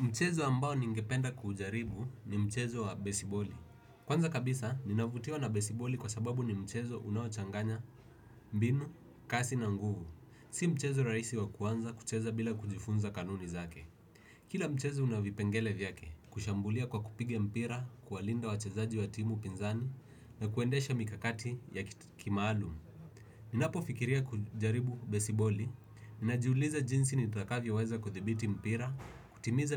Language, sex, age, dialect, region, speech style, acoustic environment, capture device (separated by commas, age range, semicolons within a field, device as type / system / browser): Swahili, male, 18-24, Kenya - Nairobi Sheng-influenced, Kenya, relaxed; focused, silence, desktop / Windows / Edge